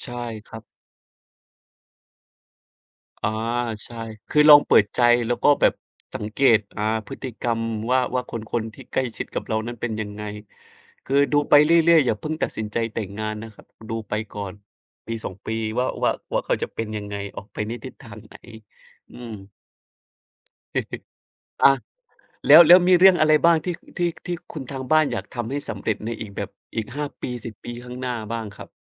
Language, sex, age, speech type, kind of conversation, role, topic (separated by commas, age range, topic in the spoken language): Thai, male, 30-34, conversation, unstructured, none, อนาคตที่คุณฝันไว้คืออะไร?